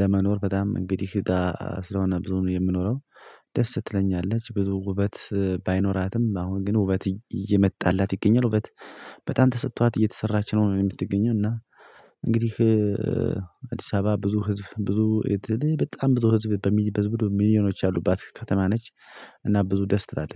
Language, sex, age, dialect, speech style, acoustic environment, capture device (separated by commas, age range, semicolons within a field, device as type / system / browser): Amharic, male, 25-34, Ethiopia - Addis Ababa, happy; excited; focused, nature; silence, mobile / Linux / Chrome